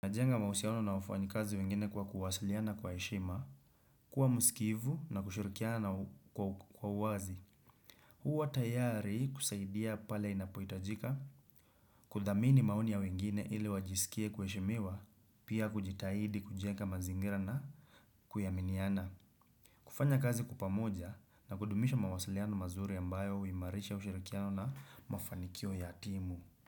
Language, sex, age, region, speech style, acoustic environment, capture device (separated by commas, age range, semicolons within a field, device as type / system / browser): Swahili, male, 25-34, Kenya, relaxed, silence, desktop / Windows / Chrome